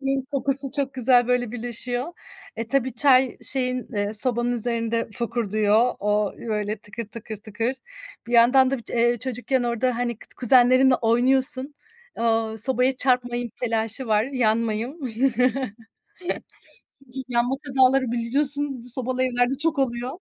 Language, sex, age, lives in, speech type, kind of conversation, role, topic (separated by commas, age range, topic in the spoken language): Turkish, female, 35-39, Germany, conversation, podcast, guest, Aile yemekleri sana hangi anıları çağrıştırıyor?